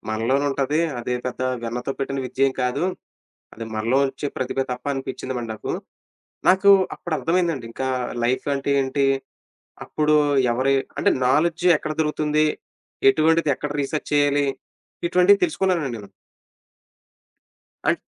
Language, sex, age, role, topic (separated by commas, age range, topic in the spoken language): Telugu, male, 30-34, guest, రోజూ ఒక చిన్న విషయాన్ని నేర్చుకోవాలనుకుంటే, మీరు ఎలా ప్రారంభిస్తారు?